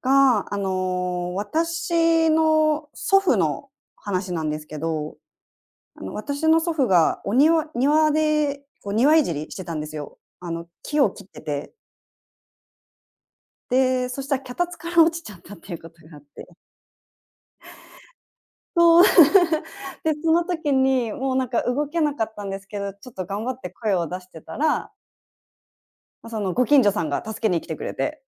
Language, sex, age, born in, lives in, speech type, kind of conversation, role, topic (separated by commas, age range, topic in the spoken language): Japanese, female, 30-34, Japan, Japan, conversation, podcast, guest, ご近所での挨拶はどれくらい大切だと思いますか？